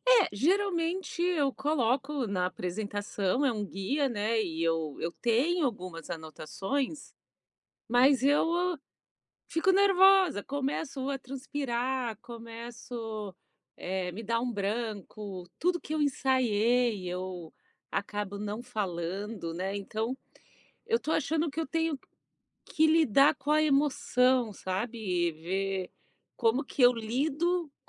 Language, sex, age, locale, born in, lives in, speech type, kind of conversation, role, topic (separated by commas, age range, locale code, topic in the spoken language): Portuguese, female, 45-49, pt-BR, Brazil, United States, conversation, advice, user, Como posso lidar com o medo de falar em público que me paralisa em reuniões e apresentações?